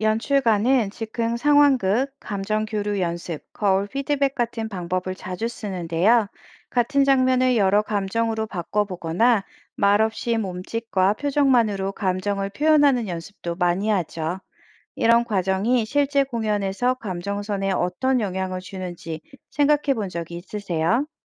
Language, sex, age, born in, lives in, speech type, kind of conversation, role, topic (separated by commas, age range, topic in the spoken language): Korean, female, 40-44, South Korea, France, read, expert-assistant, expert, 무대 공연이 기대만큼 감동을 주지 못할 때, 어떤 점을 점검해봐야 할까요?